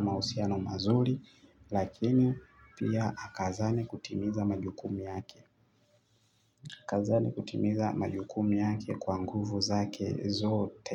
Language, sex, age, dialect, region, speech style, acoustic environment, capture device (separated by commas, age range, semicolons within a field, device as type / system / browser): Swahili, male, 25-34, Tanzania - Dar es Salaam, Tanzania, excited; happy, traffic, mobile / Linux / Chrome